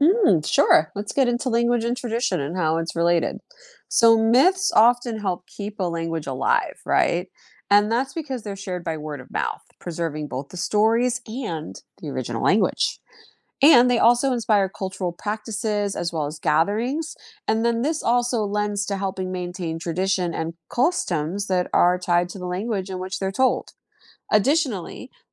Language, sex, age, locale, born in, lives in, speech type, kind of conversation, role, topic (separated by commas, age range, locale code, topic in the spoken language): English, female, 40-44, en-US, United States, United States, read, expert-assistant, expert, How do different societies use myths and legends to explain natural phenomena?